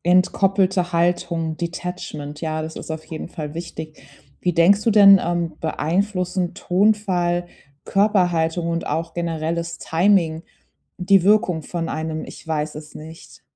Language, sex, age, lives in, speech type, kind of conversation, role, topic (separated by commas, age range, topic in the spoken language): German, female, 30-34, Germany, conversation, podcast, host, Wie sagst du ehrlich und überzeugend „Ich weiß es nicht“?